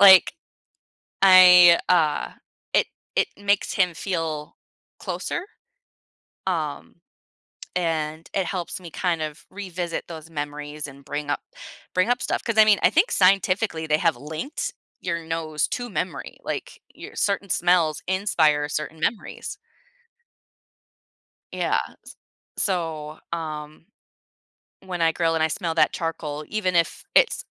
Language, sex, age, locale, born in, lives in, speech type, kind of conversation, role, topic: English, female, 30-34, en-US, United States, United States, conversation, podcast, guest, Why do certain foods bring back strong memories and emotions?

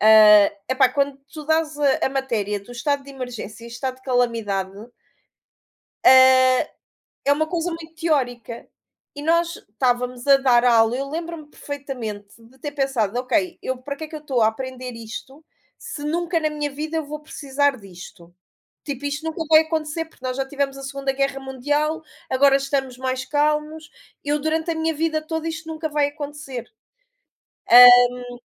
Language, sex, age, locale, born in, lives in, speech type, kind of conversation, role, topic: Portuguese, female, 35-39, pt-PT, Portugal, Portugal, conversation, unstructured, none, O que você acha que foi a maior invenção da humanidade?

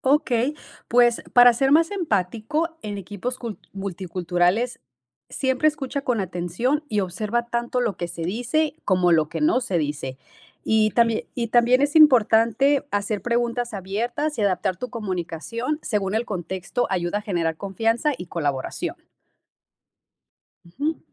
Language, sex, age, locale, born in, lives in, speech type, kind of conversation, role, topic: Spanish, female, 30-34, es-MX, Mexico, United States, read, expert-assistant, expert, ¿Cómo puedo mejorar mi empatía y comunicación al interactuar con personas de diferentes contextos culturales?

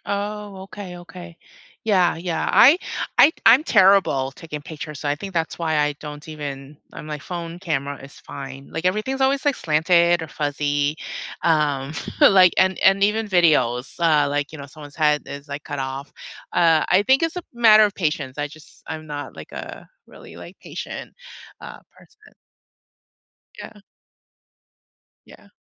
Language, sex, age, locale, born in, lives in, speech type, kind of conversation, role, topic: English, female, 45-49, en-US, United States, United States, conversation, unstructured, none, Which photo on your phone has a good story behind it?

